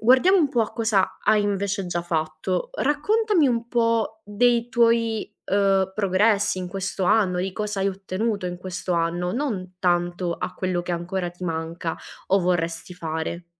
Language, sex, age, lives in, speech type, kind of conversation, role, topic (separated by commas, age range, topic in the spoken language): Italian, female, 25-29, Italy, conversation, advice, advisor, Come posso gestire il senso di colpa per non essere più produttivo come prima?